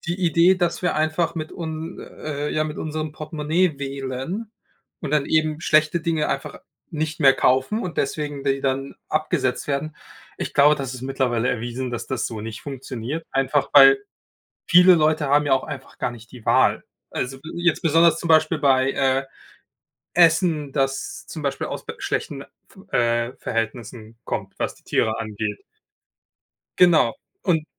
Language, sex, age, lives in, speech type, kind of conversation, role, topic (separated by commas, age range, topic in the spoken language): German, male, 25-29, Germany, conversation, unstructured, none, Wie hat der Klimawandel unsere Umwelt verändert?